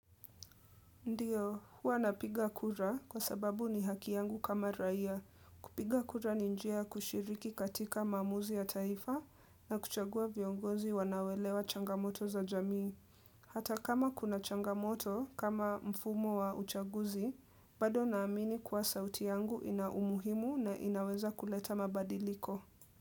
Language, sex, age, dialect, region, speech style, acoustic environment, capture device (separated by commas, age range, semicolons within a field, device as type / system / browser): Swahili, female, 18-24, Kenya - Nairobi Sheng-influenced, Kenya, relaxed, silence, desktop / Windows / Edge